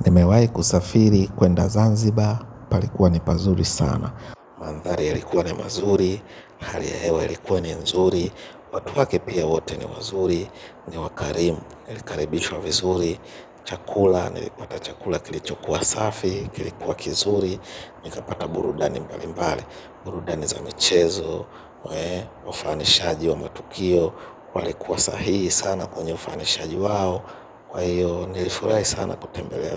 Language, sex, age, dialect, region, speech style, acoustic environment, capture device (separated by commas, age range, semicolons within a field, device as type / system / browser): Swahili, male, 25-34, Kenya - Nairobi Sheng-influenced, Kenya, relaxed, nature, mobile / Linux / Chrome